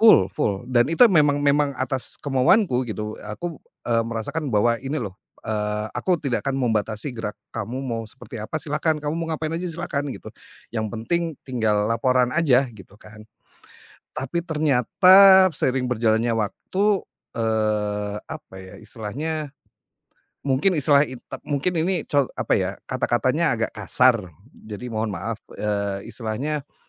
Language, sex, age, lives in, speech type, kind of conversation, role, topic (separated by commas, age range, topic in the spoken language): Indonesian, male, 40-44, Indonesia, conversation, podcast, guest, Bagaimana kamu menyatukan berbagai bahasa cinta saat konflik muncul?